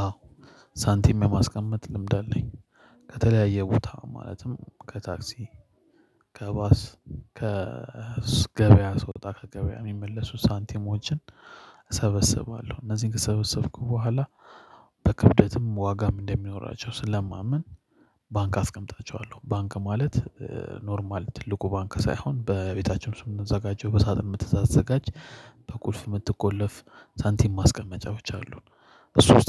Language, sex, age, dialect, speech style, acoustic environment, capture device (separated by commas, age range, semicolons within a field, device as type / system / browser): Amharic, male, 25-34, Ethiopia - Addis Ababa, happy, silence, mobile / Linux / Chrome